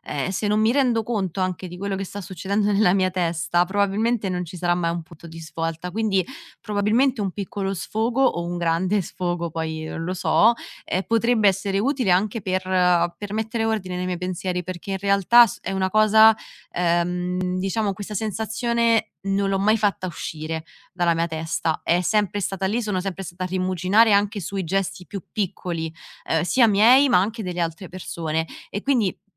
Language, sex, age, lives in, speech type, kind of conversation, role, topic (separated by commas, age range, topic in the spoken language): Italian, female, 25-29, Italy, conversation, advice, user, Come posso smettere di sentirmi insicuro per la paura che il mio partner mi lasci?